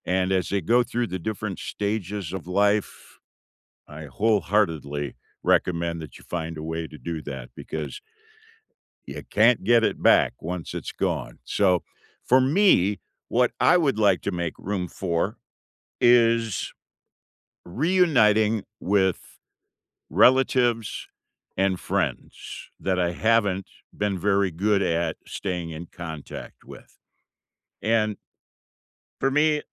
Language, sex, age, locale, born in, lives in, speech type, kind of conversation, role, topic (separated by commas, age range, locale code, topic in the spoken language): English, male, 65-69, en-US, United States, United States, conversation, unstructured, none, What would you like to make more room for in your life over the next few years?